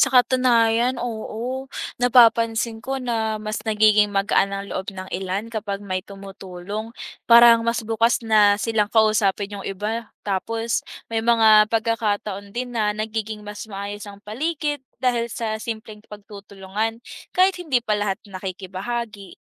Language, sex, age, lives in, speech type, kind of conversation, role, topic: Filipino, female, 20-24, Philippines, read, expert-assistant, asker, Ano ang mga benepisyo ng pagtutulungan sa komunidad?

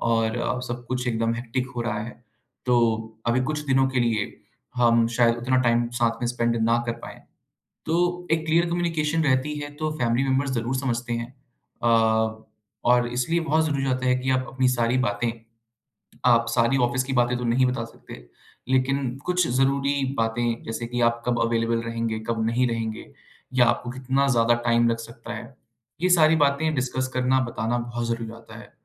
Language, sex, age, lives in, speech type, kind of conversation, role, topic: Hindi, male, 25-29, India, conversation, podcast, guest, Kaam aur personal life mein tum technology ki boundaries kaise set karte ho?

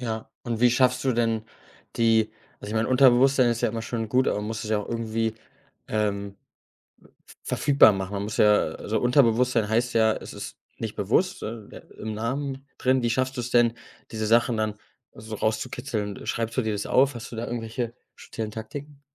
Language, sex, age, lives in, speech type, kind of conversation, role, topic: German, male, 25-29, Germany, conversation, podcast, host, Wie kommst du, ganz ehrlich, auf neue Ideen?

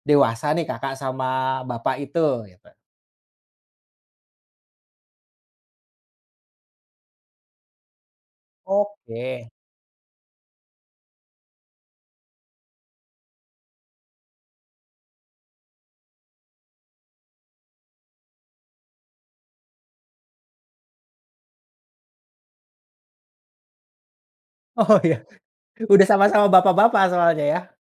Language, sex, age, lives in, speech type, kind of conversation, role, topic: Indonesian, male, 30-34, Indonesia, conversation, podcast, host, Siapa guru atau pembimbing yang paling menginspirasi kamu, dan apa pengaruhnya dalam hidupmu?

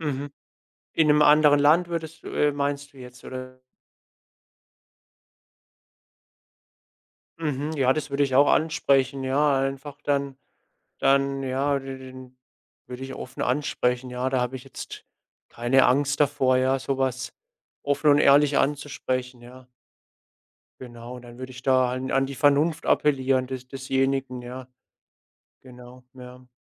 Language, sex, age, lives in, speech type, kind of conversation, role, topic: German, male, 25-29, Germany, conversation, podcast, guest, Wie gehst du draußen mit Müll um, damit du nichts zurücklässt?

